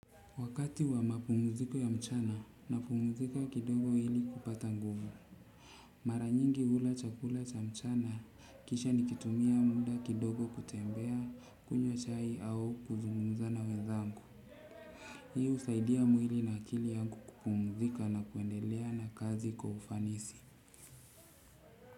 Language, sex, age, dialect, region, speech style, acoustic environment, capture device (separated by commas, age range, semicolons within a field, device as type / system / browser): Swahili, male, 35-44, Kenya - Mombasa, Kenya, relaxed; focused, silence, desktop / Windows / Chrome